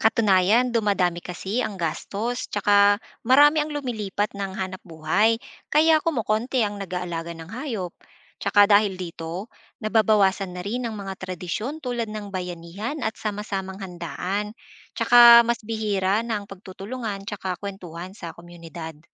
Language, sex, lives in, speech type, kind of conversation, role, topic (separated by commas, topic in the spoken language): Filipino, female, Philippines, read, expert-assistant, expert, Ano ang mga pagkakaiba ng pagpapalaki ng hayop sa bukid noon at ngayon, at paano ito nakakaapekto sa kultura ng mga Pilipino?